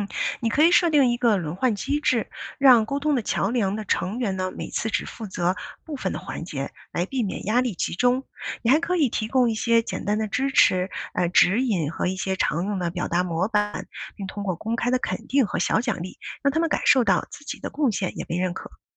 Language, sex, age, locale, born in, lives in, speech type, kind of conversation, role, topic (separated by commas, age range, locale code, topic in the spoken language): Chinese, female, 40-44, zh-CN, China, United States, read, expert-assistant, expert, 组织一次多语言文化体验日时，如何规划各国语言互动的环节，让大家都能参与？